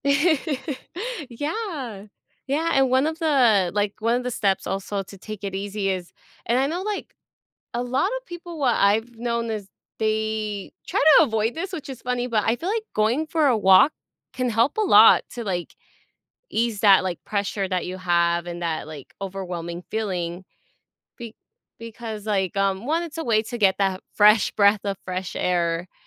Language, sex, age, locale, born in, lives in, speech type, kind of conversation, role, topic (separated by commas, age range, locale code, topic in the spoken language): English, female, 25-29, en-US, United States, United States, conversation, advice, advisor, How can I manage my anxiety while waiting for medical test results?